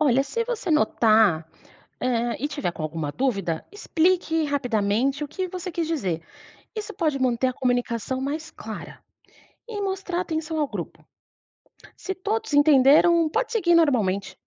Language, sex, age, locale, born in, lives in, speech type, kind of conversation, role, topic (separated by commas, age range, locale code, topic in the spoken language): Portuguese, female, 30-34, pt-BR, Brazil, Portugal, read, expert-assistant, expert, O que faz mais diferença na hora de se adaptar ao jeito que as pessoas falam em cada região do Brasil?